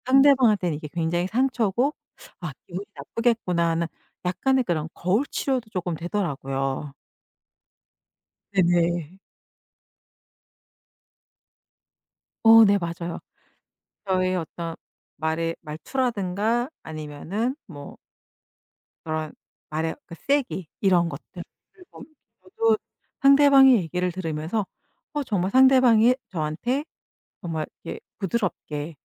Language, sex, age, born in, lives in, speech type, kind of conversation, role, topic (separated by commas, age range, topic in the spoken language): Korean, female, 50-54, South Korea, United States, conversation, podcast, guest, 지금 하는 일이 당신을 어떻게 바꿨나요?